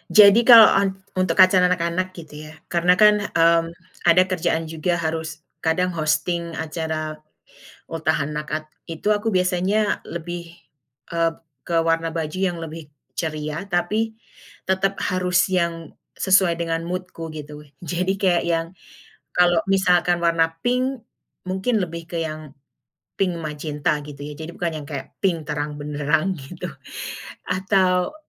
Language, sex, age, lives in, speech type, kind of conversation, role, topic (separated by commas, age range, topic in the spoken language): Indonesian, female, 35-39, United States, conversation, podcast, guest, Biasanya kamu memilih pakaian seperti apa saat sedang bad mood?